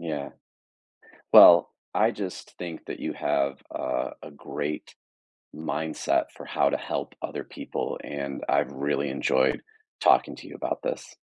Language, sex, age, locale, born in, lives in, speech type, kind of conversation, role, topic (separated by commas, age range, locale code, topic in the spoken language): English, male, 45-49, en-US, United States, United States, conversation, podcast, host, How can you best support a friend who is grieving in a thoughtful and respectful way?